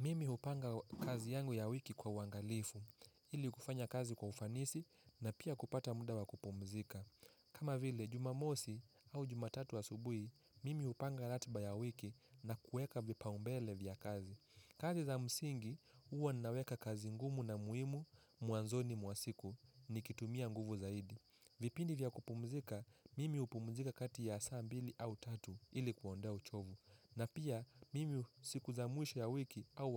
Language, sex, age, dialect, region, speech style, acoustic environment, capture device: Swahili, male, 18-24, Kenya - Mombasa, Kenya, happy; focused; relaxed, people talking; silence, mobile / Linux / Chrome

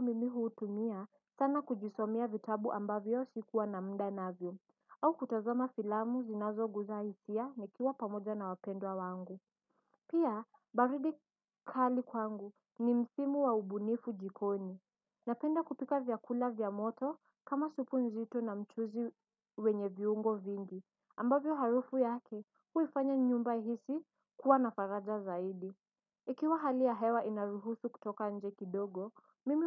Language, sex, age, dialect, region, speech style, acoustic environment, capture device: Swahili, female, 18-24, Kenya - Mombasa, Kenya, focused, silence; nature, desktop / Windows / Edge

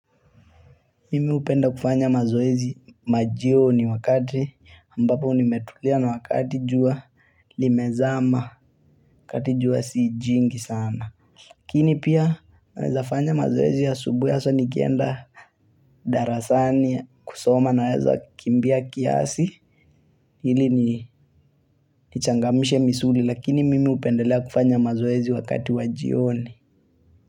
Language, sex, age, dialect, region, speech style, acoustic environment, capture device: Swahili, male, 18-24, Kenya - Mombasa, Kenya, focused; relaxed, people talking, mobile / Linux / Chrome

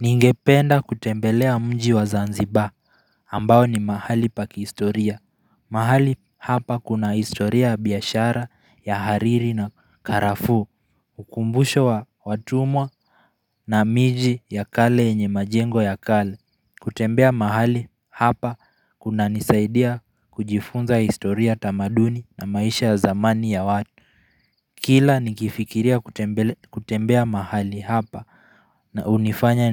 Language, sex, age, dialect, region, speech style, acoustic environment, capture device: Swahili, male, 18-24, Kenya - Nairobi Sheng-influenced, Kenya, focused; relaxed, people talking; nature; silence; traffic; appliances, mobile / Linux / Chrome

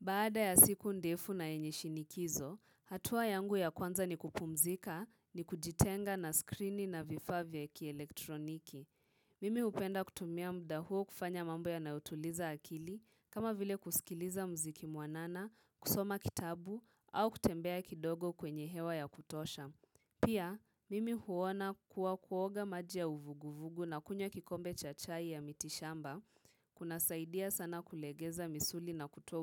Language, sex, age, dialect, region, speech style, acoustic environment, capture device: Swahili, female, 18-24, Kenya - Nairobi Sheng-influenced, Kenya, focused, silence, mobile / Linux / Chrome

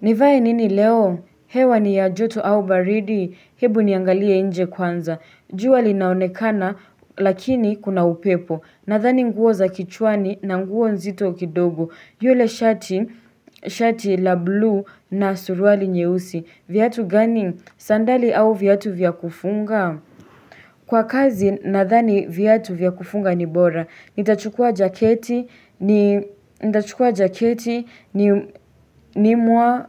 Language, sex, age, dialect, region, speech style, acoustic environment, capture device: Swahili, female, 18-24, Kenya - Nairobi Sheng-influenced, Kenya, happy, silence, desktop / Linux / Chrome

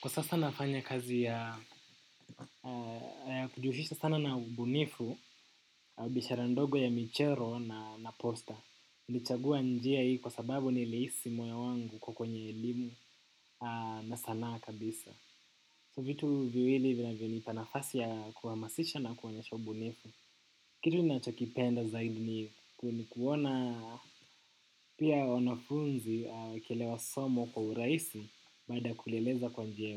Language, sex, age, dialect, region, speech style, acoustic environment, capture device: Swahili, male, 18-24, Kenya - Nairobi Sheng-influenced, Kenya, focused; relaxed, silence, desktop / macOS / Chrome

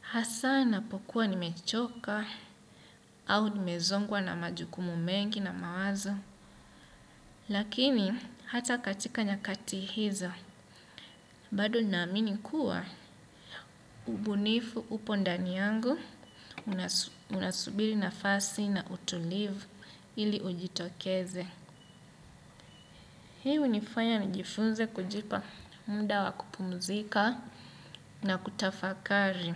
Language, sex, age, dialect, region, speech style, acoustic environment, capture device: Swahili, male, 25-34, Kenya - Mombasa, Kenya, happy, nature, desktop / Windows / Chrome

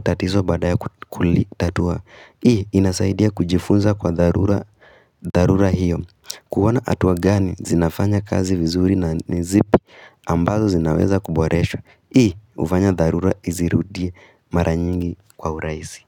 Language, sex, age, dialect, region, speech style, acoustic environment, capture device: Swahili, female, 18-24, Kenya - Nairobi Sheng-influenced, Kenya, excited, silence, mobile / Linux / Chrome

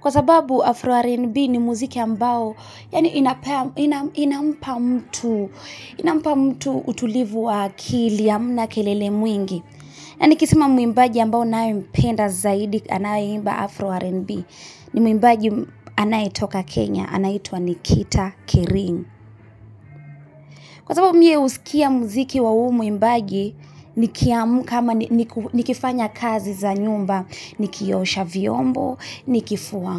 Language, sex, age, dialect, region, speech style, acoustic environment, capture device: Swahili, female, 25-34, Kenya - Nairobi Sheng-influenced, Kenya, happy; relaxed; focused, people talking; music, mobile / Linux / Chrome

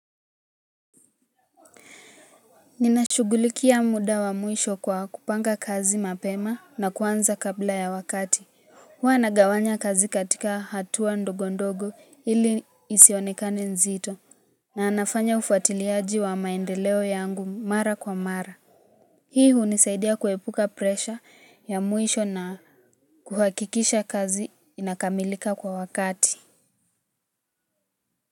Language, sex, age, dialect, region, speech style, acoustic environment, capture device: Swahili, female, 18-24, Kenya - Nairobi Sheng-influenced, Kenya, relaxed, music, mobile / Linux / Chrome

